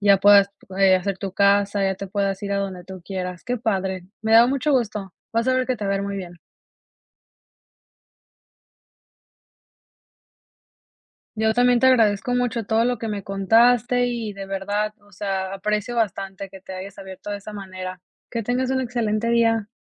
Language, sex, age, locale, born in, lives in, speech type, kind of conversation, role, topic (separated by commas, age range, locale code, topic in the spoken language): Spanish, female, 25-29, es-MX, Mexico, United States, conversation, podcast, host, ¿Cómo viviste tu primer gran giro profesional?